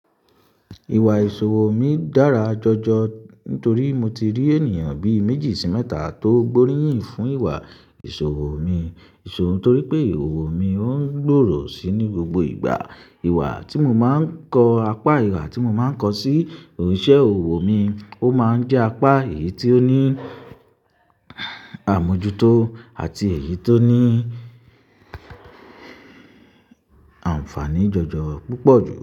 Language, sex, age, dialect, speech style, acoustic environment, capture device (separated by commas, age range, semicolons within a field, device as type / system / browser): Yoruba, male, 25-34, Nigeria - Ibadan, happy; relaxed; excited; focused, silence, mobile / Linux / Chrome